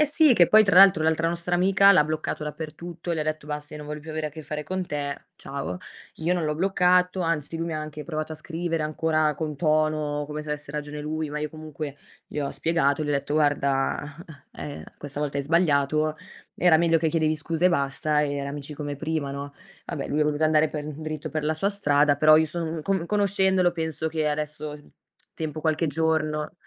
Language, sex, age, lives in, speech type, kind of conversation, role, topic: Italian, female, 25-29, Italy, conversation, unstructured, none, Come si può tornare amici dopo una lite?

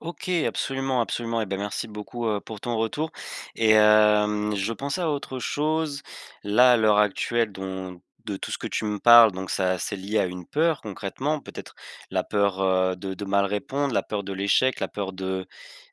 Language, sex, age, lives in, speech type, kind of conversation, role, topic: French, male, 20-24, France, conversation, advice, advisor, Comment puis-je oser prendre la parole plus souvent en groupe ?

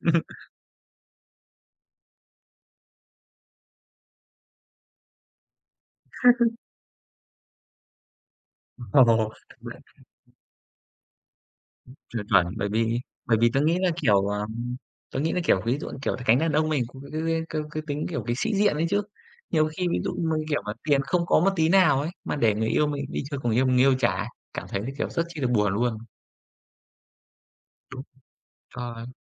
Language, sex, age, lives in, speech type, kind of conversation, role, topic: Vietnamese, male, 25-29, Vietnam, conversation, unstructured, none, Bạn làm thế nào để quản lý chi tiêu khi tiền không đủ?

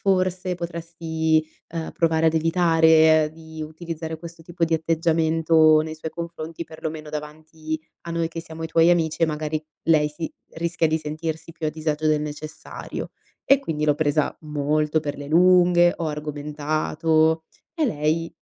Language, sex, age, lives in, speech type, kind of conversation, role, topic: Italian, female, 25-29, Italy, conversation, podcast, guest, Come bilanci onestà e gentilezza nelle parole?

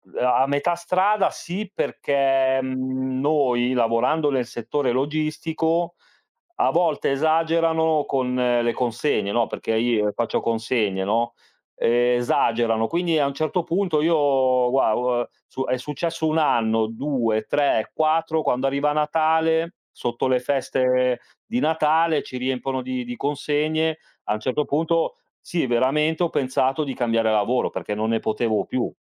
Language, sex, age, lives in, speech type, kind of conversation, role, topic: Italian, male, 40-44, Italy, conversation, unstructured, none, Qual è la cosa più gratificante del tuo lavoro?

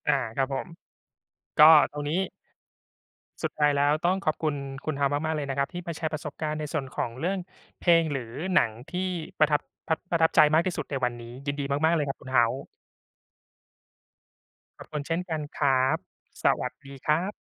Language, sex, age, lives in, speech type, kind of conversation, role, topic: Thai, male, 35-39, Thailand, conversation, unstructured, none, เพลงหรือภาพยนตร์เรื่องใดที่ทำให้คุณประทับใจมากที่สุด?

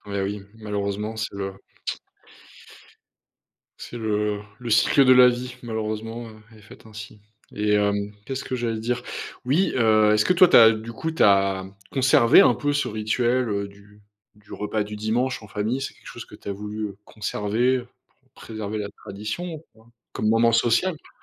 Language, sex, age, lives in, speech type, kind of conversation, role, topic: French, male, 30-34, France, conversation, podcast, host, Peux-tu raconter un rituel du repas du dimanche dans ta famille ?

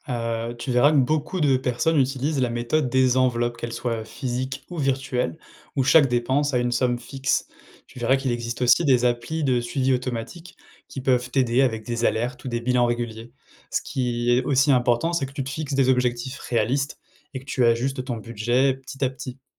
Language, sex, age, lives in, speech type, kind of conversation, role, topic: French, male, 30-34, France, read, expert-assistant, expert, Quand tu lis des astuces sur comment on dépense ou économise, comment tu vérifies si c’est sérieux ?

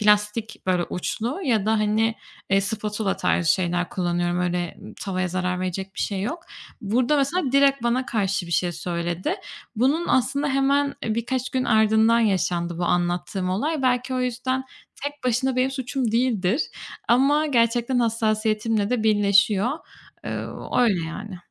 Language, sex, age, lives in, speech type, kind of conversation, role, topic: Turkish, female, 25-29, Spain, conversation, advice, user, Yapıcı eleştiri aldığımda neden aşırı inciniyorum ve bunu nasıl yönetebilirim?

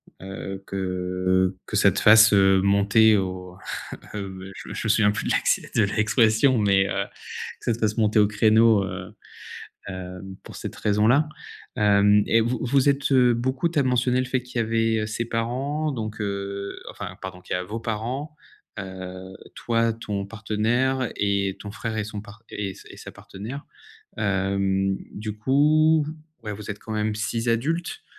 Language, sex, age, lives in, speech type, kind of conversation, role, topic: French, male, 30-34, France, conversation, advice, advisor, Comment célébrer les fêtes malgré des tensions familiales ?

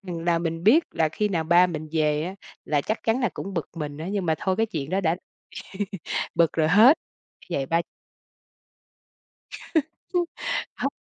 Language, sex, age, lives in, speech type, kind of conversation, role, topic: Vietnamese, female, 45-49, Vietnam, conversation, podcast, guest, Bạn làm gì để tránh đồ đạc cứ tích tụ mãi?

